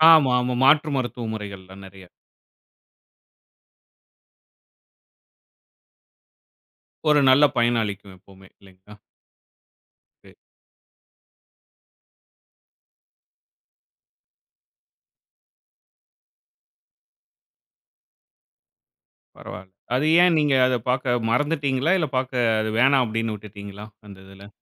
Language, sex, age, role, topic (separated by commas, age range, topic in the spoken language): Tamil, male, 35-39, host, காலைப் பழக்கங்களை மாற்றியபின் உங்கள் நாள் எப்படி மாறியது?